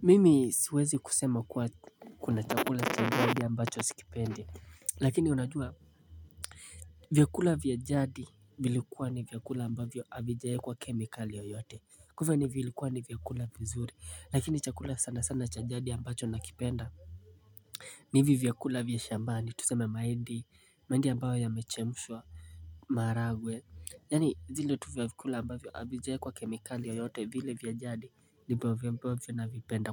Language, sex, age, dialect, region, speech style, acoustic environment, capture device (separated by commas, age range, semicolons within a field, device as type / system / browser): Swahili, male, 18-24, Kenya - Mombasa, Kenya, relaxed, silence, mobile / Linux / Chrome